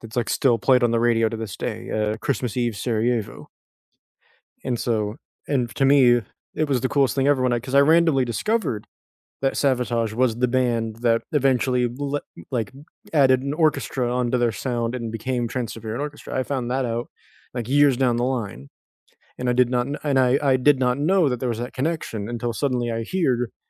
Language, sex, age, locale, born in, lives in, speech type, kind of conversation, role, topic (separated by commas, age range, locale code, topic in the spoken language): English, male, 20-24, en-US, United States, United States, conversation, unstructured, none, Which hobbies help you build friendships and feel part of a community?